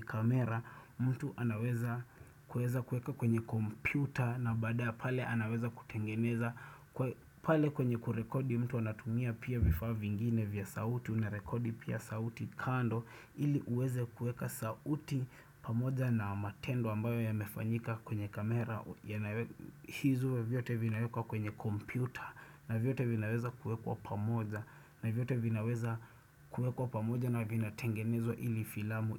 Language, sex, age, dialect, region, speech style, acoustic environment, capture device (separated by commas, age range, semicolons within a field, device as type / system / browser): Swahili, male, 25-34, Kenya - Nairobi Sheng-influenced, Kenya, excited; focused, silence, desktop / Windows / Chrome